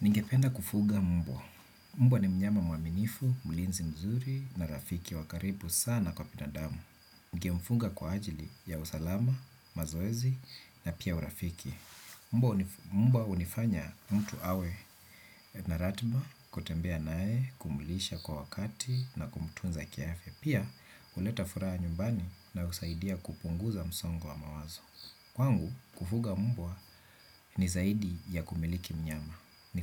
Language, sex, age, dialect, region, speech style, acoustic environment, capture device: Swahili, male, 35-44, Kenya - Nairobi Sheng-influenced, Kenya, relaxed, nature, desktop / Windows / Chrome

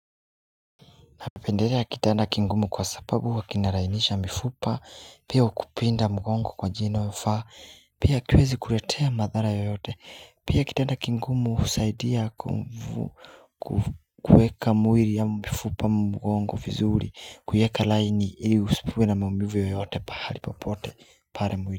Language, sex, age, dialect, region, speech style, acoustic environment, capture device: Swahili, male, 25-34, Kenya - Nairobi Sheng-influenced, Kenya, focused, appliances, mobile / Linux / Chrome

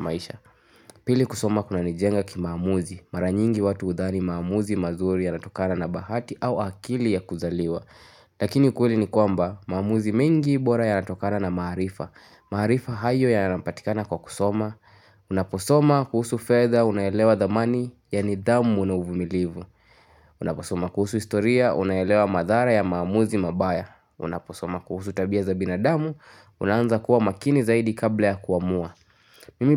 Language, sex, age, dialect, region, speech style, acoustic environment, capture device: Swahili, male, 18-24, Kenya - Nairobi Sheng-influenced, Kenya, anxious, nature, mobile / Linux / Chrome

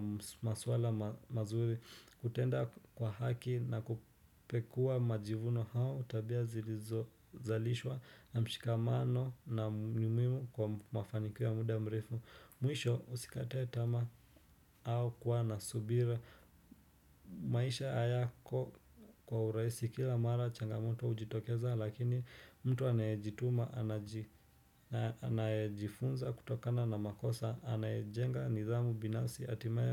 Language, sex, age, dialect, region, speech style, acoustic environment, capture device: Swahili, male, 25-34, Kenya - Nairobi Sheng-influenced, Kenya, relaxed, silence, desktop / Windows / Chrome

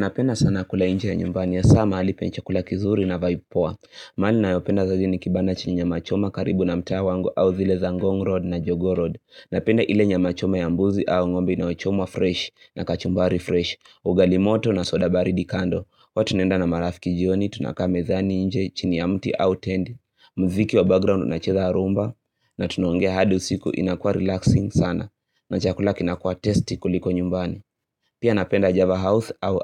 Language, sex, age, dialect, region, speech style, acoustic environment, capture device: Swahili, male, 18-24, Kenya - Nairobi Sheng-influenced, Kenya, happy; excited, silence, mobile / Linux / Chrome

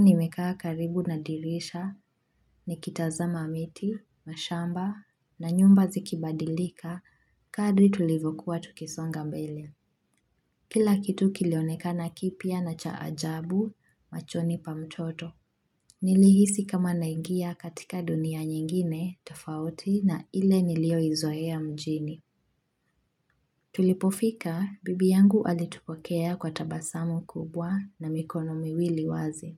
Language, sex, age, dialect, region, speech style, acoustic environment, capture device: Swahili, female, 25-34, Kenya - Mombasa, Kenya, focused; relaxed, silence, mobile / Linux / Chrome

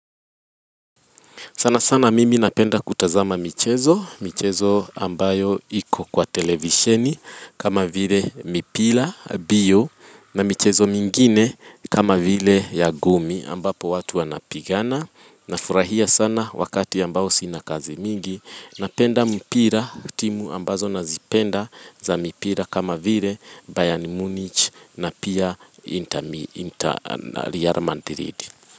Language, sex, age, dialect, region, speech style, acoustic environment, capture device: Swahili, female, 25-34, Kenya - Nairobi Sheng-influenced, Kenya, happy, people talking; music; nature, mobile / Linux / Chrome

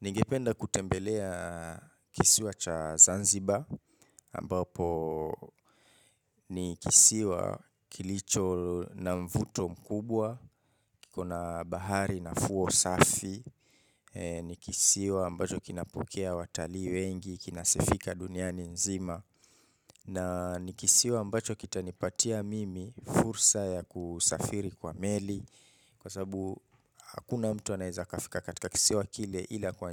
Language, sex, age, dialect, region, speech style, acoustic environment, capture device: Swahili, male, 25-34, Kenya - Mombasa, Kenya, relaxed, nature, mobile / Linux / Chrome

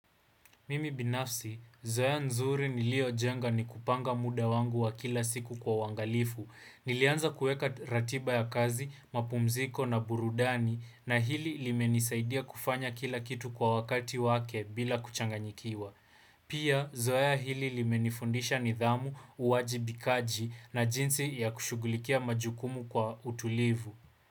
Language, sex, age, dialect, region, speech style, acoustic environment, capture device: Swahili, male, 18-24, Kenya - Nairobi Sheng-influenced, Kenya, focused, appliances, desktop / Windows / Chrome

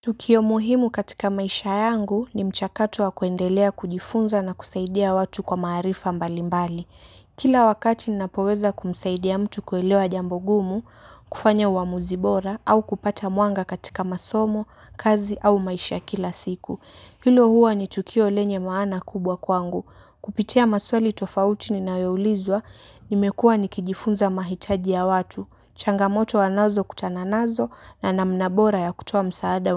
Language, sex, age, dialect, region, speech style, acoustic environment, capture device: Swahili, female, 18-24, Kenya - Mombasa, Kenya, excited, nature, mobile / Linux / Chrome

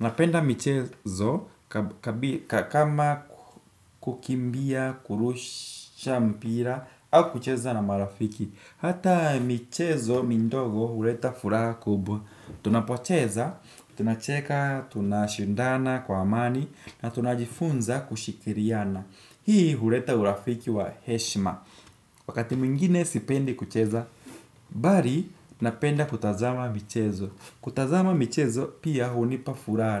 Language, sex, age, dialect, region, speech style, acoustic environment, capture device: Swahili, male, 18-24, Kenya - Nairobi Sheng-influenced, Kenya, happy; focused, nature, desktop / Windows / Chrome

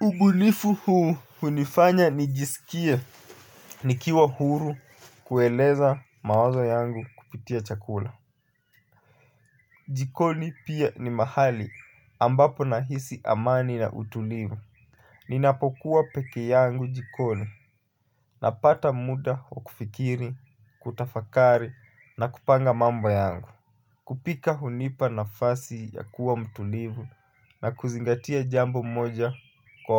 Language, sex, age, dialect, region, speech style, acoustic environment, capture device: Swahili, male, 25-34, Kenya - Mombasa, Kenya, relaxed; focused, nature, mobile / Linux / Chrome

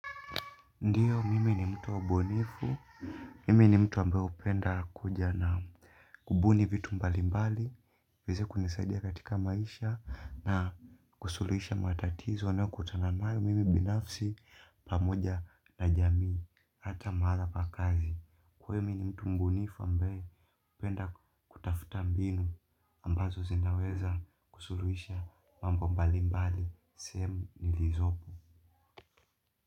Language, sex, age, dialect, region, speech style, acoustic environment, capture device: Swahili, male, 25-34, Kenya - Mombasa, Kenya, relaxed, silence, mobile / Linux / Chrome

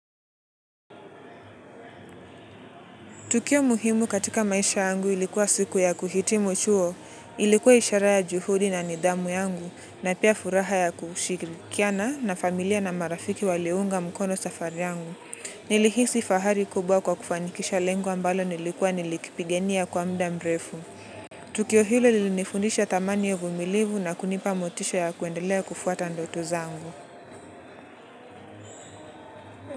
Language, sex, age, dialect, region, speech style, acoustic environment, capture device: Swahili, male, 18-24, Kenya - Nairobi Sheng-influenced, Kenya, relaxed, nature; music, mobile / Linux / Chrome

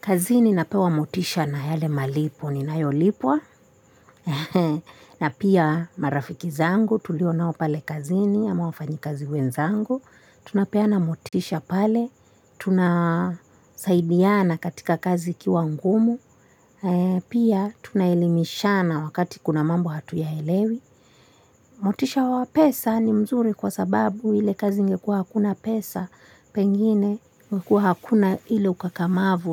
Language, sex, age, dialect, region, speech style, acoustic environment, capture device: Swahili, female, 25-34, Kenya - Mombasa, Kenya, relaxed, silence, mobile / Linux / Chrome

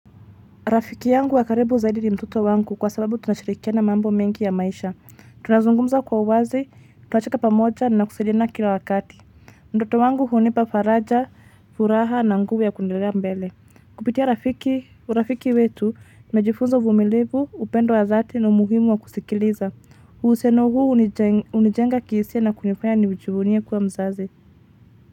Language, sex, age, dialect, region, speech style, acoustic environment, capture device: Swahili, female, 25-34, Kenya - Nairobi Sheng-influenced, Kenya, relaxed, traffic, mobile / Linux / Chrome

